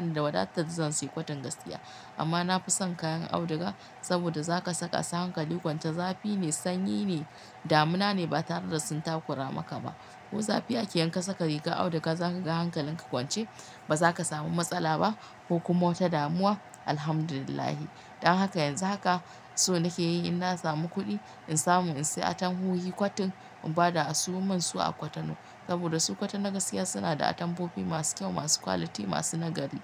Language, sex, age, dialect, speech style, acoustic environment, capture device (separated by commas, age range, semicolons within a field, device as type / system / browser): Hausa, female, 35-44, Nigeria - Katsina, happy, silence, mobile / Linux / Chrome